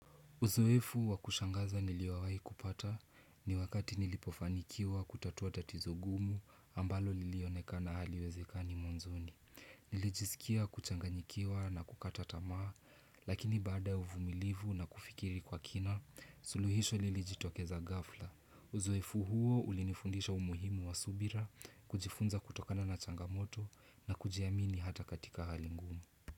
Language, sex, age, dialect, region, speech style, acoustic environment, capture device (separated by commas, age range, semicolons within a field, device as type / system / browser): Swahili, male, 18-24, Kenya - Nairobi Sheng-influenced, Kenya, relaxed, silence, desktop / Windows / Chrome